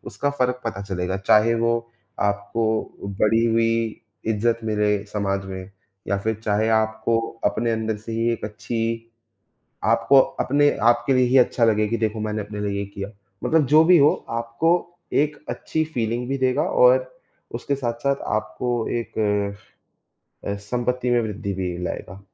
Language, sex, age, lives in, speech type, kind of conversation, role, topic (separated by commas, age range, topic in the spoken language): Hindi, male, 18-19, India, conversation, unstructured, none, पैसे बचाने के लिए सबसे अच्छी आदत क्या हो सकती है?